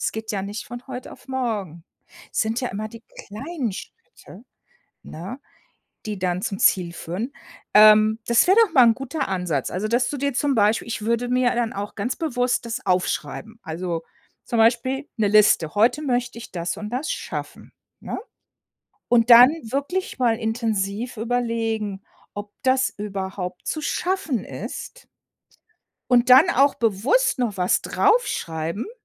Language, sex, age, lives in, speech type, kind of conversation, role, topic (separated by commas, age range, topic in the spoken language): German, female, 55-59, United States, conversation, advice, advisor, Wie kann ich mein negatives Selbstgespräch im Alltag stoppen?